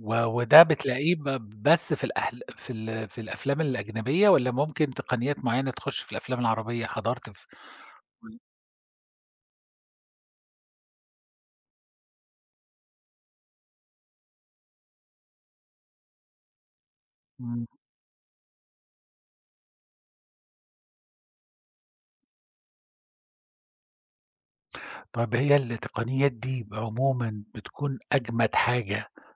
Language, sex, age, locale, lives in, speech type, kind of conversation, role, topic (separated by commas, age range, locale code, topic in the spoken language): Arabic, male, 50-54, ar-SA, Germany, conversation, podcast, host, احكيلي عن تجربة السينما عندكم في المنطقة عاملة إزاي؟